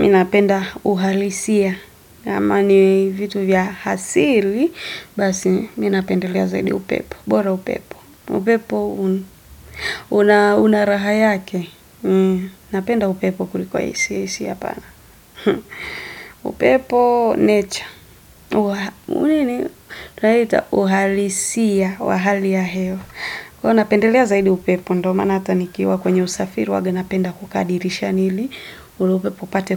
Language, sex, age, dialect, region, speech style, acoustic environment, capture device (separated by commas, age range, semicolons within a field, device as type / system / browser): Swahili, female, 25-34, Tanzania - Dar es Salaam, Tanzania, focused, silence, desktop / Windows / Edge